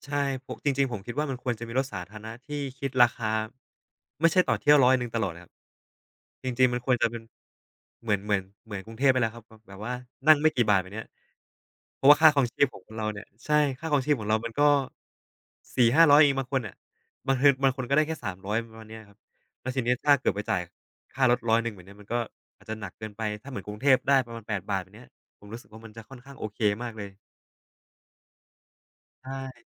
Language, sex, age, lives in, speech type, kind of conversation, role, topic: Thai, male, 25-29, Thailand, conversation, unstructured, none, การคอร์รัปชันในรัฐบาลส่งผลกระทบต่อชีวิตประจำวันของเราอย่างไร?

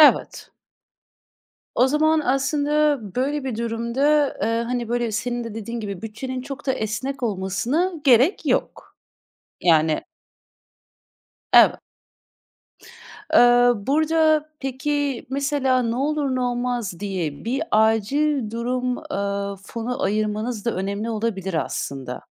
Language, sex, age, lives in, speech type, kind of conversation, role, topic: Turkish, female, 30-34, Netherlands, conversation, advice, advisor, Yaz tatili için gezi planı yaparken bütçemi nasıl dengede tutabilirim?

